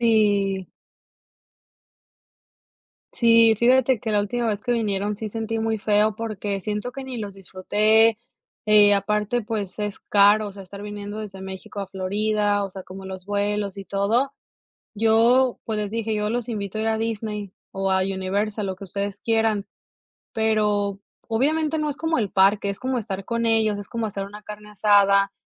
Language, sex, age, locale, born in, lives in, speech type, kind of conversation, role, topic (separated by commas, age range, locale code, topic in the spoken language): Spanish, female, 25-29, es-MX, Mexico, United States, conversation, podcast, guest, ¿Cómo manejas la presión de poner a la familia primero?